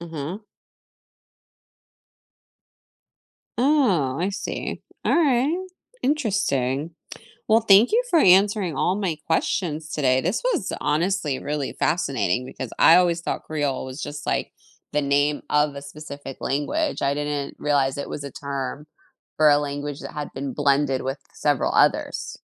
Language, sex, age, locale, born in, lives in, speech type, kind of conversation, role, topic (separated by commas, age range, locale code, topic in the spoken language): English, female, 40-44, en-US, United States, United States, read, expert-assistant, asker, How do languages evolve over time and what causes these changes?